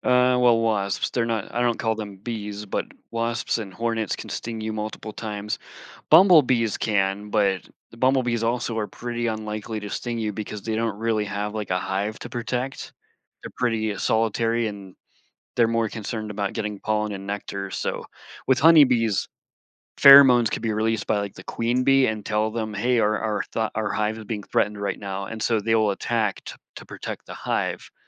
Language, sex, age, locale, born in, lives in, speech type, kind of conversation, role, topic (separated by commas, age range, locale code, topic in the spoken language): English, male, 40-44, en-US, United States, United States, conversation, podcast, guest, Why are bees important to the environment?